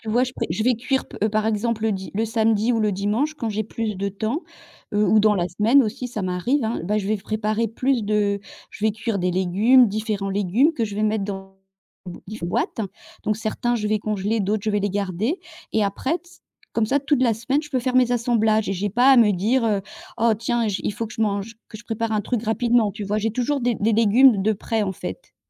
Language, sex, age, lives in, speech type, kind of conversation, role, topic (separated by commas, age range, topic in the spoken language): French, female, 55-59, France, conversation, unstructured, none, Quelle est la partie la plus frustrante de la cuisine pour toi ?